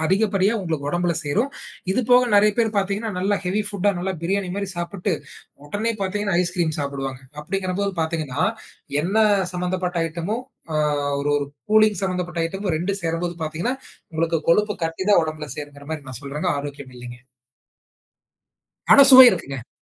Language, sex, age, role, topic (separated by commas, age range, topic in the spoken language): Tamil, male, 20-24, guest, சுவையைக் குறைக்காமல் ஆரோக்கியமாகச் சாப்பிடுவது எப்படி?